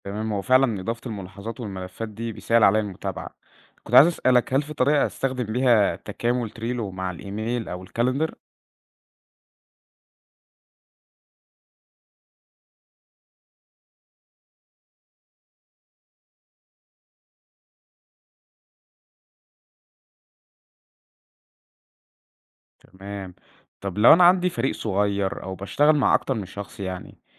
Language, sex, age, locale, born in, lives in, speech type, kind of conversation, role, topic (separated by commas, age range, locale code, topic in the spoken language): Arabic, male, 20-24, ar-EG, Egypt, Egypt, read, expert-assistant, asker, إزاي أقدر أنظم كل منصاتي الرقمية (زي فيسبوك، إنستجرام، تويتر) بحيث أبقى متابع كل حاجة من غير ما أحس بتشتت؟